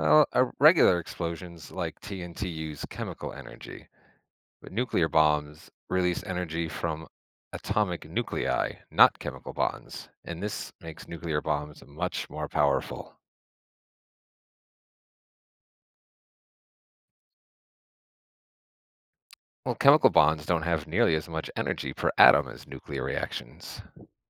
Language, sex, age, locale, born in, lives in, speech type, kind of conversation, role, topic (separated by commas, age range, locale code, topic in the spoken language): English, male, 35-39, en-US, United States, United States, read, expert-assistant, expert, How does a nuclear bomb release so much energy?